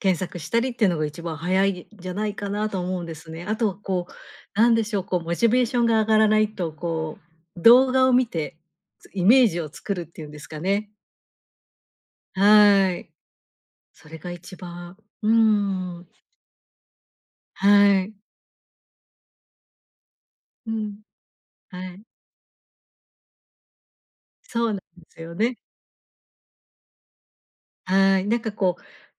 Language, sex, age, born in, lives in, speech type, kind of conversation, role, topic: Japanese, female, 50-54, Japan, Japan, conversation, advice, advisor, 食費を節約しながら健康的に食べるには、どうすればいいですか？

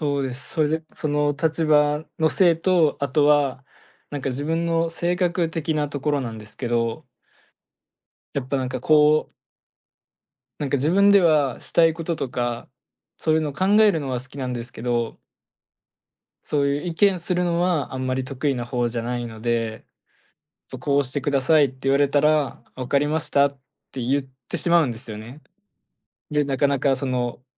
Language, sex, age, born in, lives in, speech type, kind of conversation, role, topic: Japanese, male, 20-24, Japan, Japan, conversation, advice, user, 仕事で自分らしさを出せず疲れているのはなぜですか？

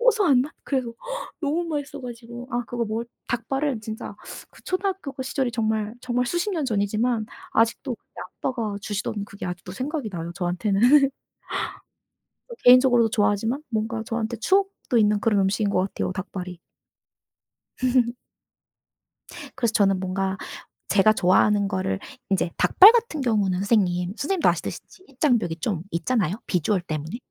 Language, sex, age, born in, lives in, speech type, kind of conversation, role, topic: Korean, female, 30-34, South Korea, South Korea, conversation, unstructured, none, 당신이 가장 좋아하는 음식은 무엇인가요?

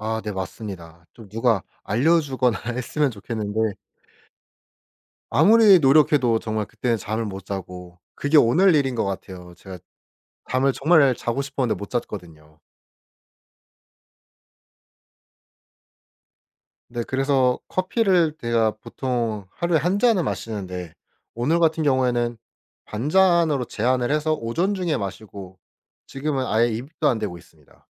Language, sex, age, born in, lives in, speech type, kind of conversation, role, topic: Korean, male, 25-29, South Korea, South Korea, conversation, podcast, guest, 수면 환경을 더 편안하게 만들기 위해 평소에 어떤 습관을 실천하시나요?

